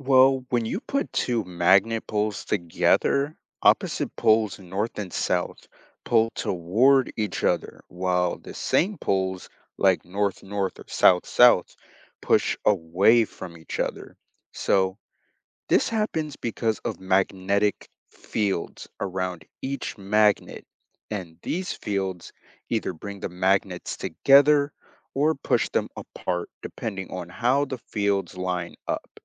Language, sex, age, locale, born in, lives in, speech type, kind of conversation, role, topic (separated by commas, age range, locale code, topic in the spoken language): English, male, 18-19, en-US, United States, United States, read, expert-assistant, expert, How do magnets and electricity interact to create movement and magnetic fields?